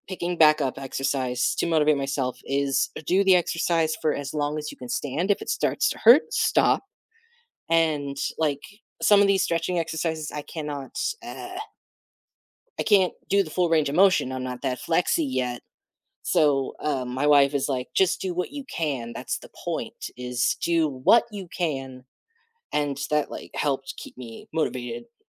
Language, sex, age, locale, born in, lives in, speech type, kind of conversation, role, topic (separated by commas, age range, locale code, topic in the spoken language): English, male, 30-34, en-US, United States, United States, conversation, unstructured, none, How do you usually motivate yourself to exercise?